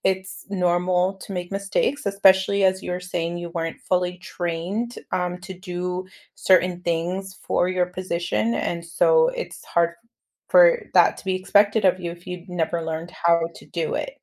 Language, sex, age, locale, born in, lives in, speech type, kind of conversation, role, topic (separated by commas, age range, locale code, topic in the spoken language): English, female, 30-34, en-US, United States, United States, conversation, advice, advisor, How can I rebuild my confidence and move forward after a major mistake?